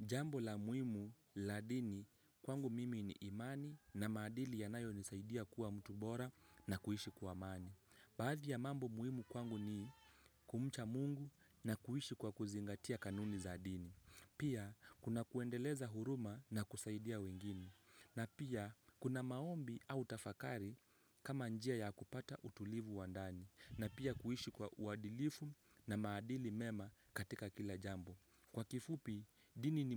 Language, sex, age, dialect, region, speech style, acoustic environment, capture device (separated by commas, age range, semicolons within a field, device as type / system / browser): Swahili, male, 18-24, Kenya - Mombasa, Kenya, happy; relaxed; focused, people talking; nature, mobile / Linux / Chrome